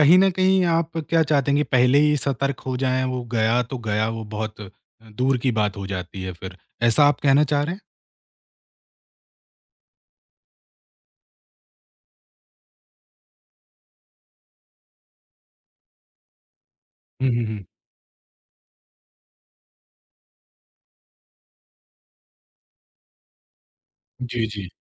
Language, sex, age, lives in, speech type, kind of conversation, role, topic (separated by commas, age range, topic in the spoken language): Hindi, male, 25-29, India, conversation, podcast, host, Kya aap kabhi kisi local dhokhebaazi ka shikaar hue hain, aur apni kahani share kar sakte hain?